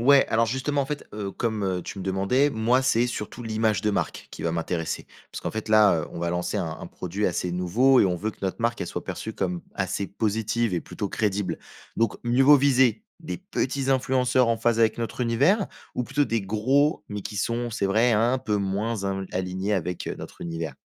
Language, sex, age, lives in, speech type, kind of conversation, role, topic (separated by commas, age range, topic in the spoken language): French, male, 20-24, France, read, expert-assistant, asker, Les influenceurs sont-ils vraiment efficaces pour développer une image de marque positive ?